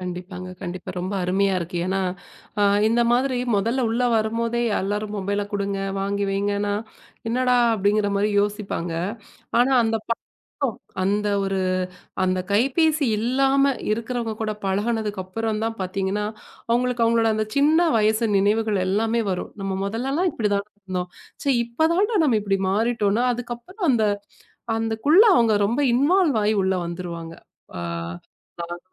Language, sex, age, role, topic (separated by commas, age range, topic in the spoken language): Tamil, female, 35-39, host, குடும்ப விருந்தின்போது கைபேசி பயன்படுத்தாமல் இருக்க என்ன விதிமுறைகள் பின்பற்றலாம்?